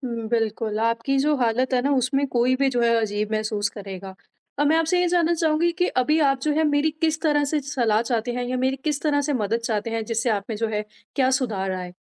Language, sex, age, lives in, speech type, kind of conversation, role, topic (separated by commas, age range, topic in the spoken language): Hindi, female, 25-29, India, conversation, advice, advisor, भविष्य के नतीजों के बारे में बार-बार सोचकर आपको अति-चिंतन और चिंता क्यों होती है?